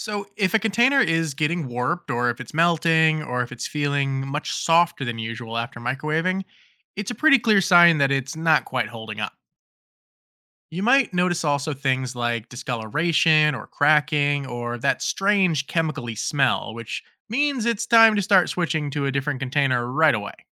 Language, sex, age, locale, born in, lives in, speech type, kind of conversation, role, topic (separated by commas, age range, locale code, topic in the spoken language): English, male, 30-34, en-US, United States, United States, read, expert-assistant, expert, What are the safest materials to use for heating food in a microwave?